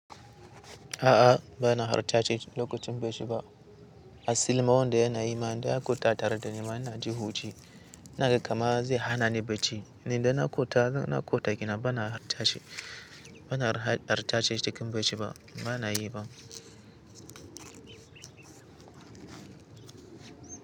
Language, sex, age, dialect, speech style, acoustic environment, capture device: Hausa, male, 18-24, Nigeria - Katsina, happy; focused, nature; silence, mobile / Linux / Chrome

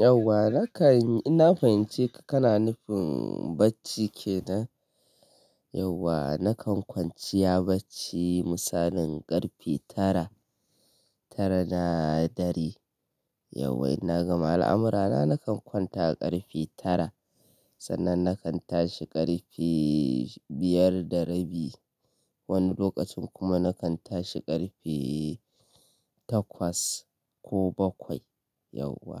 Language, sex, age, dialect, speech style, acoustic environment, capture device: Hausa, male, 25-34, Nigeria - Kano, happy, people talking, mobile / Linux / Chrome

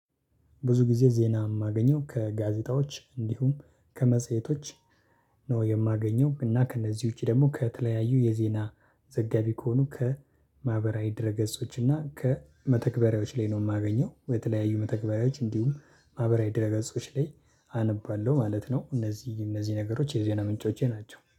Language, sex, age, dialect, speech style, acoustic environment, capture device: Amharic, male, 25-34, Ethiopia - Addis Ababa, happy, silence, desktop / Linux / Chrome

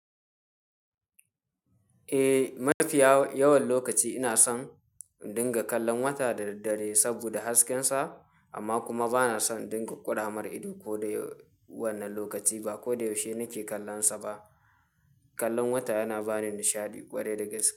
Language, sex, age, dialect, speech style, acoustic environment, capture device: Hausa, male, 18-24, Nigeria - Kano, tired, nature, mobile / Linux / Chrome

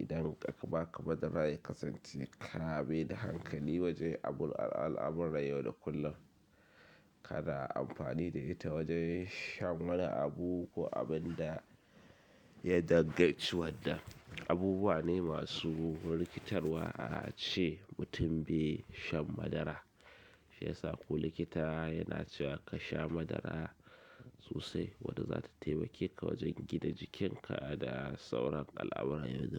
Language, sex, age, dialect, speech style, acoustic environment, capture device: Hausa, male, 25-34, Nigeria - Kano, excited, silence, mobile / Linux / Chrome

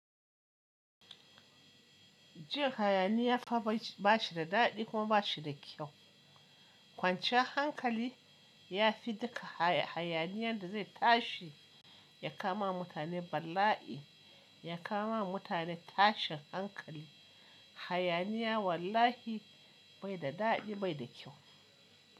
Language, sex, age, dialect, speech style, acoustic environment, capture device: Hausa, male, 25-34, Nigeria - Kano, relaxed, appliances, mobile / Linux / Chrome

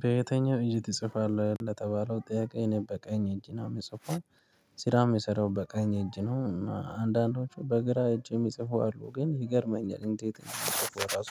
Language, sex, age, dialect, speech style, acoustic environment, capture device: Amharic, male, 25-34, Ethiopia - Addis Ababa, happy, people talking; traffic; nature, mobile / Linux / Chrome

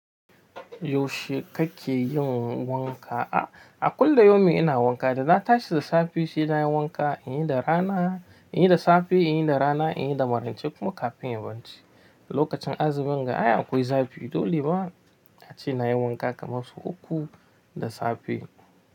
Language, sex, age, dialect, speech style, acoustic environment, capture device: Hausa, male, 25-34, Nigeria - Nigerian Standard, anxious; relaxed; excited; focused; happy, nature; silence, mobile / Linux / Chrome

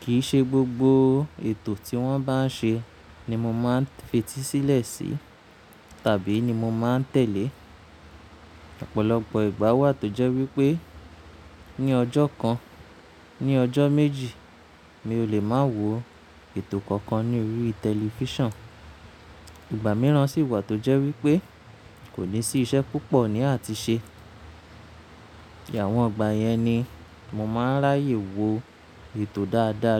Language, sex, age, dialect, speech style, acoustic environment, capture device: Yoruba, male, 25-34, Nigeria - Nigerian Standard, relaxed; focused; anxious, appliances; silence, mobile / Linux / Chrome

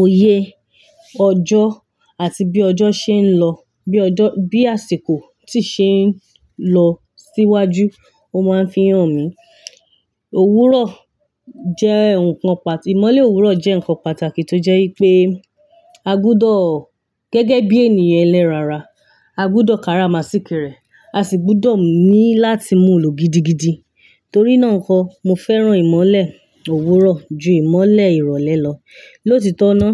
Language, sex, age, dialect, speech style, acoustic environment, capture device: Yoruba, female, 25-34, Nigeria - Ibadan, excited; focused; happy; relaxed, nature; silence; construction, mobile / Linux / Chrome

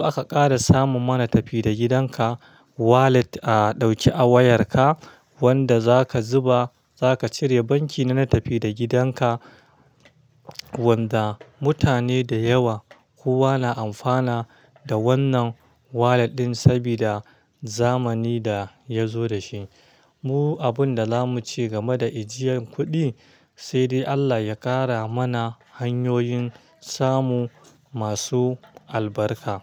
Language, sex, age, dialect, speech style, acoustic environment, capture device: Hausa, male, 25-34, Nigeria - Kano, happy; stressed, silence; traffic, mobile / Linux / Chrome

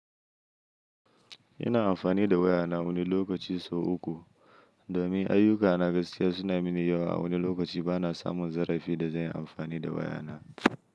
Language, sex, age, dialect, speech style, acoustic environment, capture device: Hausa, male, 25-34, Nigeria - Kano, happy, silence, mobile / Linux / Chrome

